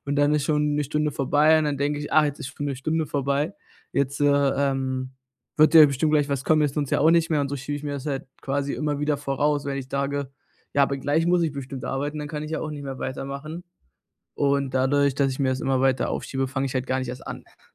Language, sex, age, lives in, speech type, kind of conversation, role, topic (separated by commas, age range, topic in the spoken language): German, male, 20-24, Germany, conversation, advice, user, Wie kann ich aufhören zu prokrastinieren und wirklich anfangen?